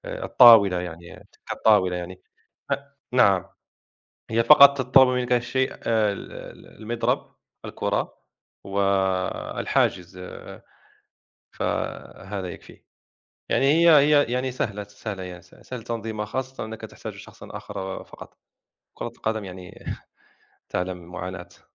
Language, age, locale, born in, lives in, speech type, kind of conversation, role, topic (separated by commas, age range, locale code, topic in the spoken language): Arabic, 30-34, ar-MA, Morocco, France, conversation, unstructured, none, إيه الرياضة اللي بتحب تتفرّج عليها أو تمارسها؟